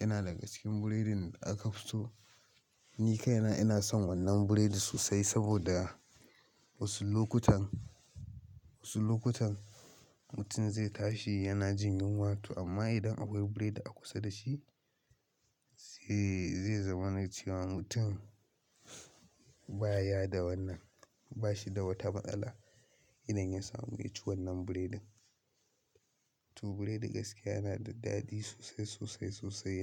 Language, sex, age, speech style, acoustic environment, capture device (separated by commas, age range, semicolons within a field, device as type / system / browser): Hausa, male, 18-24, excited, nature, mobile / Linux / Chrome